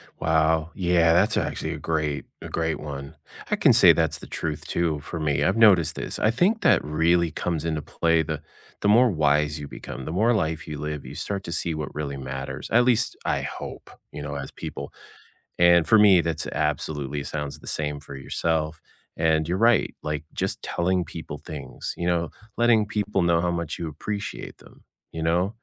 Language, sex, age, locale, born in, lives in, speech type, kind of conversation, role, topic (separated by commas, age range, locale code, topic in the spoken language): English, male, 50-54, en-US, United States, United States, conversation, unstructured, none, What life lesson did you learn the hard way?